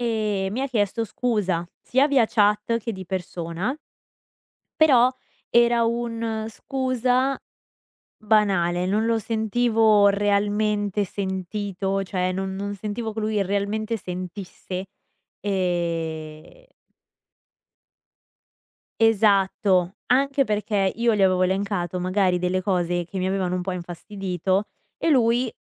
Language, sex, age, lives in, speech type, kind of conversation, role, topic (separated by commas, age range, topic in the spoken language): Italian, female, 20-24, United States, conversation, advice, user, Come comunichi i tuoi bisogni emotivi nella relazione?